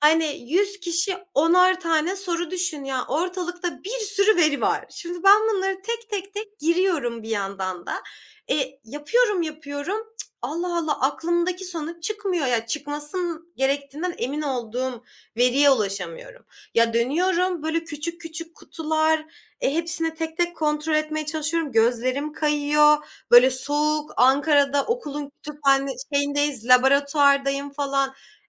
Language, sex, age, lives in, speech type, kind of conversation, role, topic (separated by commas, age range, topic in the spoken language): Turkish, female, 25-29, Germany, conversation, podcast, guest, Bir projede takıldığın zaman ilk olarak ne yaparsın?